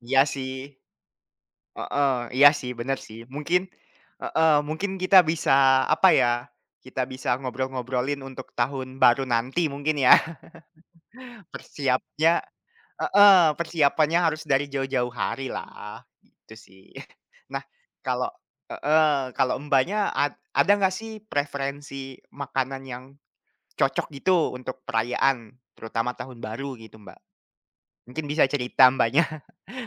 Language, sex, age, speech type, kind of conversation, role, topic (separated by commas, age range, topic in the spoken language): Indonesian, male, 20-24, conversation, unstructured, none, Masakan apa yang paling cocok disajikan untuk merayakan tahun baru?